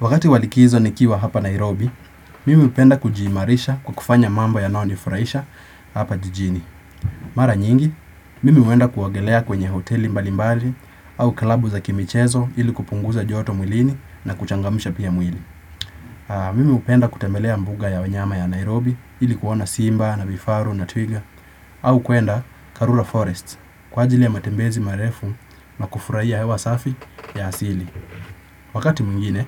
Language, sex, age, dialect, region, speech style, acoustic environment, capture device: Swahili, male, 18-24, Kenya - Nairobi Sheng-influenced, Kenya, relaxed, nature; silence, desktop / Windows / Chrome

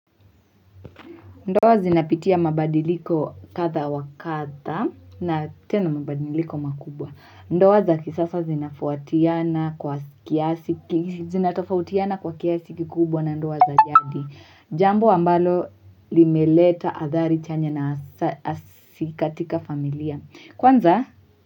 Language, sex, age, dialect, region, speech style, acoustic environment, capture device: Swahili, female, 18-24, Kenya - Mombasa, Kenya, tired, silence, mobile / Linux / Chrome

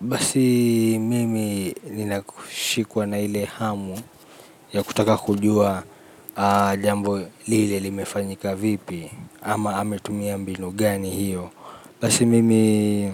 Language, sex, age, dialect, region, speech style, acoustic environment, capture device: Swahili, male, 25-34, Kenya - Nairobi Sheng-influenced, Kenya, relaxed, nature, mobile / Linux / Chrome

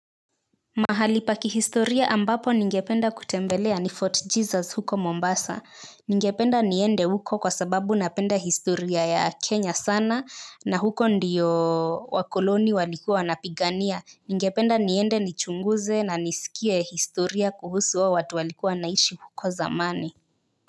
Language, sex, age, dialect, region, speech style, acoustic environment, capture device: Swahili, female, 18-24, Kenya - Nairobi Sheng-influenced, Kenya, focused, silence, mobile / Linux / Chrome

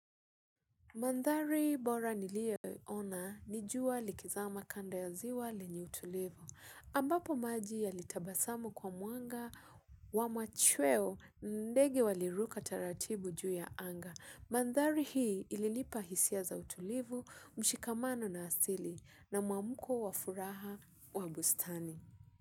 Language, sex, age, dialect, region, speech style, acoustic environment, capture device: Swahili, female, 25-34, Kenya - Mombasa, Kenya, relaxed, silence, mobile / Linux / Chrome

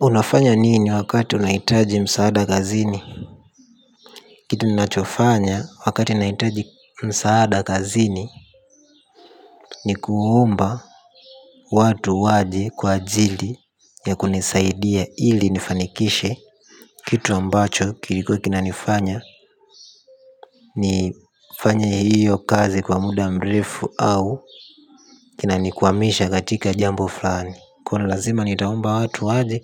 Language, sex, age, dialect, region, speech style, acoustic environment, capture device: Swahili, male, 18-24, Tanzania - Dar es Salaam, Tanzania, happy, silence; nature, mobile / Linux / Chrome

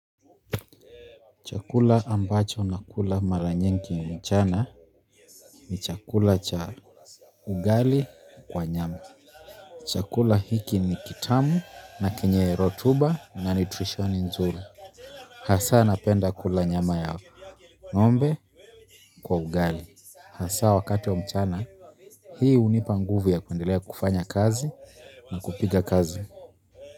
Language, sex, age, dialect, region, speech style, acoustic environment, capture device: Swahili, male, 25-34, Kenya - Nairobi Sheng-influenced, Kenya, happy; relaxed, silence, mobile / Linux / Chrome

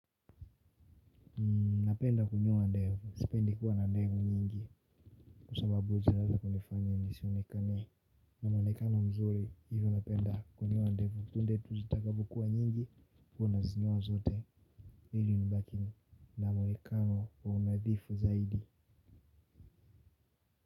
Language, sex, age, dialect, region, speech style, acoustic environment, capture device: Swahili, male, 18-24, Tanzania - Dar es Salaam, Tanzania, relaxed; happy, people talking; silence, mobile / Linux / Chrome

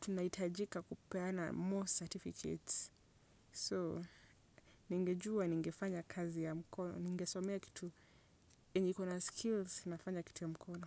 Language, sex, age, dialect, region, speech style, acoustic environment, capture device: Swahili, female, 25-34, Kenya - Nairobi Sheng-influenced, Kenya, relaxed, silence, desktop / Windows / Chrome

